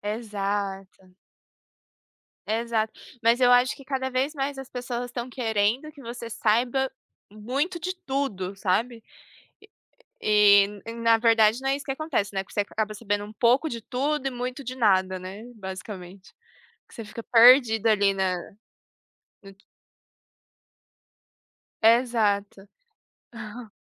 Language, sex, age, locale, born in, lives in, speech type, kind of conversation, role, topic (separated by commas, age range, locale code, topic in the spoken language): Portuguese, female, 25-29, pt-PT, Brazil, Germany, conversation, unstructured, none, Você tem medo de perder relevância se não acompanhar as novidades?